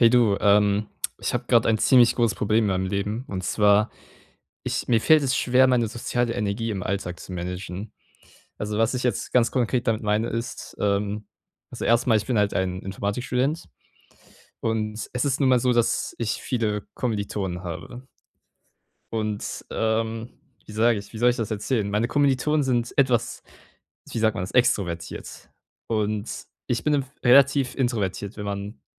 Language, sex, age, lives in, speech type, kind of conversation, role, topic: German, male, 18-19, Germany, conversation, advice, user, Wie kann ich meine soziale Energie im Alltag besser einteilen, ohne mich isoliert zu fühlen?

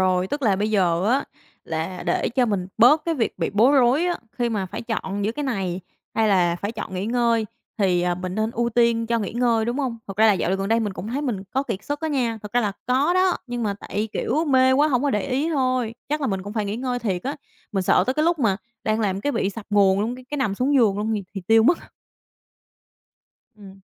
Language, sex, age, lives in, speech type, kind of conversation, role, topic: Vietnamese, female, 25-29, Vietnam, conversation, advice, user, Tôi nên nghỉ việc hay cố gắng tiếp tục khi đang kiệt sức?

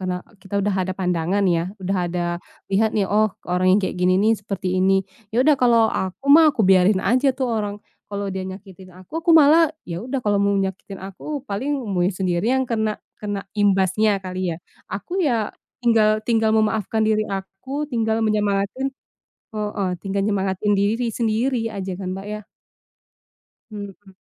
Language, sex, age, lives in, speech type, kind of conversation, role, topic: Indonesian, female, 25-29, Indonesia, conversation, unstructured, none, Mengapa sulit memaafkan orang yang telah menyakiti Anda?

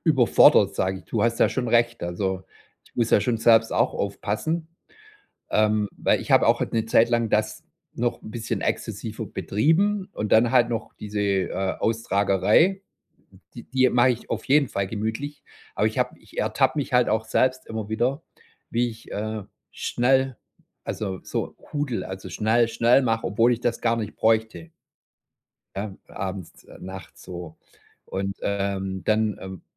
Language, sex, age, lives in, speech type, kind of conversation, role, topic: German, male, 45-49, Germany, conversation, podcast, guest, Welche Aktivität hilft dir am besten, abzuschalten?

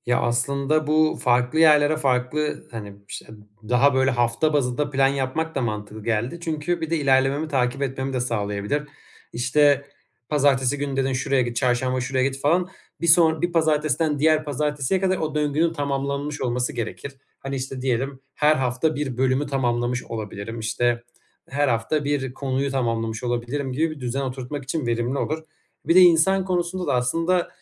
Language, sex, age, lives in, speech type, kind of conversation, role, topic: Turkish, male, 25-29, Germany, conversation, advice, user, Çalışma ortamımı değiştirerek nasıl daha fazla ilham bulabilirim?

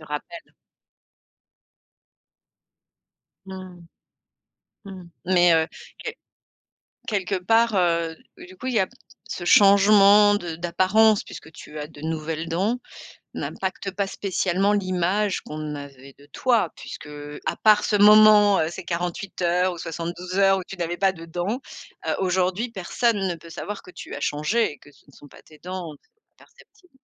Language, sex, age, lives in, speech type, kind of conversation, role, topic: French, female, 45-49, France, conversation, podcast, host, Peux-tu me raconter un moment où ton look a changé l’image que les autres avaient de toi ?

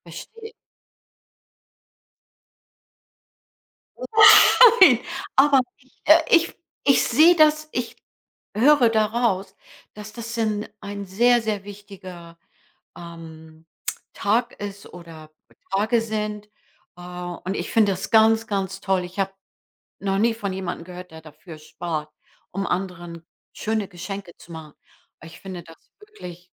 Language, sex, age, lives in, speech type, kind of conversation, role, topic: German, female, 65-69, United States, conversation, advice, advisor, Wie kann ich damit umgehen, dass mich mehrere Rechnungen und Schuldenzahlungen überfordern?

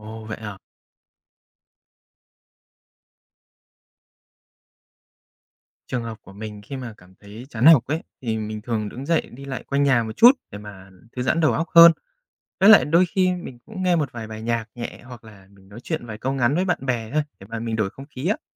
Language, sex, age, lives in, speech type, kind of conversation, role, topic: Vietnamese, male, 30-34, Japan, read, expert-assistant, asker, Làm thế nào để rèn luyện tính kiên nhẫn trong học tập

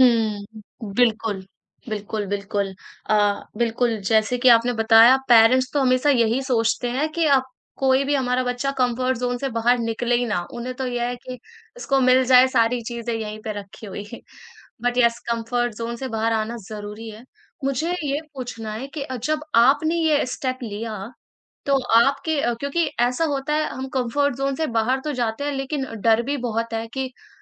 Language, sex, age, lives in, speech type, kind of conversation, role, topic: Hindi, female, 20-24, India, conversation, podcast, host, Kab tumne pehli baar apne comfort zone se bahar kadam rakha?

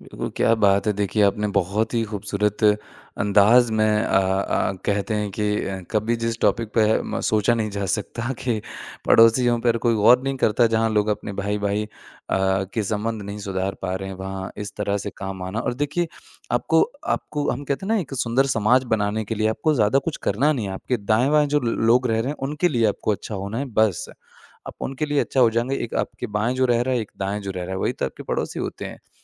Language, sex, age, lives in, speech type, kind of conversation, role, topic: Hindi, male, 25-29, India, conversation, podcast, host, एक अच्छा पड़ोसी बनने के लिए कौन-कौन से छोटे-छोटे कदम उठाए जा सकते हैं?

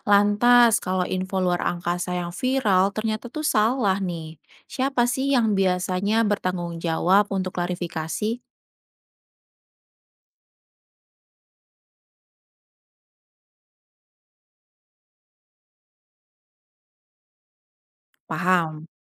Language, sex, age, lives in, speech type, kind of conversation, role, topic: Indonesian, female, 30-34, Indonesia, read, expert-assistant, asker, Bagaimana cara membedakan informasi populer tentang planet luar angkasa dengan hasil penelitian asli para ilmuwan?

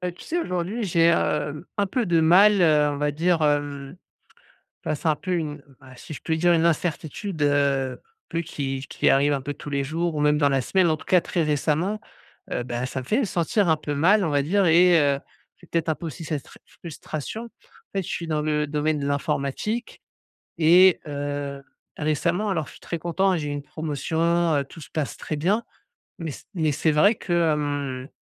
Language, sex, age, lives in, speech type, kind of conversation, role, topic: French, male, 35-39, France, conversation, advice, user, Comment apprendre à lâcher prise face à l’incertitude du quotidien ?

